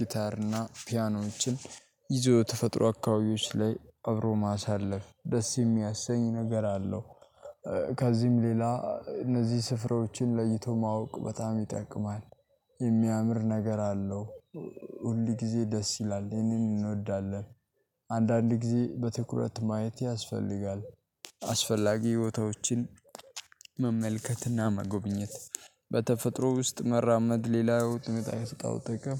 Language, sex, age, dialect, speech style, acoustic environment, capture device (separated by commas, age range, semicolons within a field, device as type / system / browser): Amharic, male, 18-24, Ethiopia - Addis Ababa, happy, nature, mobile / Linux / Chrome